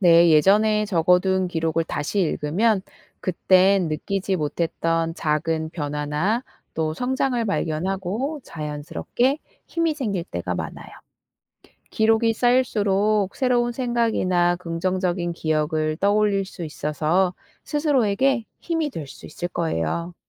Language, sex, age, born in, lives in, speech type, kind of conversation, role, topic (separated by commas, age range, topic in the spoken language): Korean, female, 35-39, South Korea, South Korea, read, expert-assistant, expert, 왜 어떤 사람들은 어려운 상황에서도 긍정적으로 생각할까요?